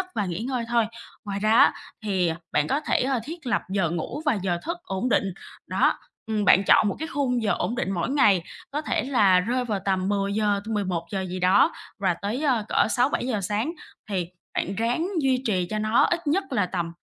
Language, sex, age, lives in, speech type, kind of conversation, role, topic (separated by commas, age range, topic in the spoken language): Vietnamese, female, 25-29, Vietnam, conversation, advice, advisor, Làm sao để tôi ngủ sâu và không bị tỉnh giấc giữa đêm?